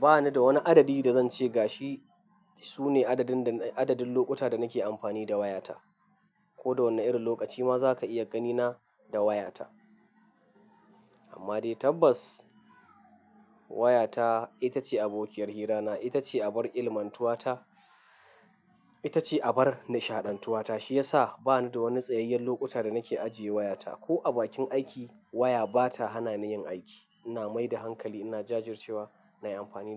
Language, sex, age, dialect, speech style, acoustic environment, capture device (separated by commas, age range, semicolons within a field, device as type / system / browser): Hausa, male, 25-34, Nigeria - Nigerian Standard, happy, silence, mobile / Linux / Chrome